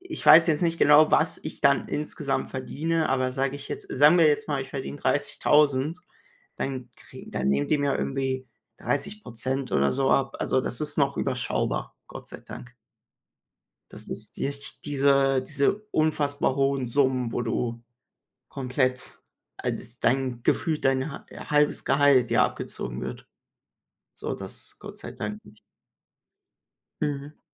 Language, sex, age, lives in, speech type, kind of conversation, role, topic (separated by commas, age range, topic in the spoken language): German, male, 18-19, Germany, conversation, unstructured, none, Wie kannst du Geld für deine Träume sparen?